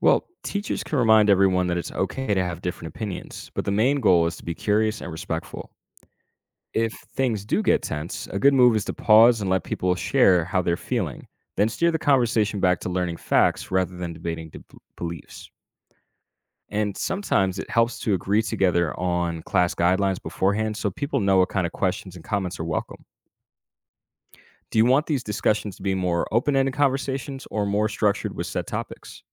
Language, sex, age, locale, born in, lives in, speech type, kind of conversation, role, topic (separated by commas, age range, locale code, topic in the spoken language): English, male, 25-29, en-US, United States, United States, read, expert-assistant, expert, How can studying world religions encourage greater tolerance?